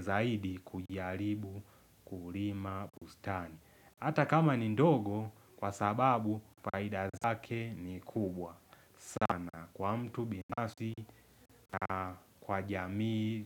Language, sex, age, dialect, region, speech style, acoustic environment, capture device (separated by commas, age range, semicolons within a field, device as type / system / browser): Swahili, male, 18-24, Tanzania - Dar es Salaam, Tanzania, focused; relaxed; happy, nature; silence; people talking, mobile / Linux / Chrome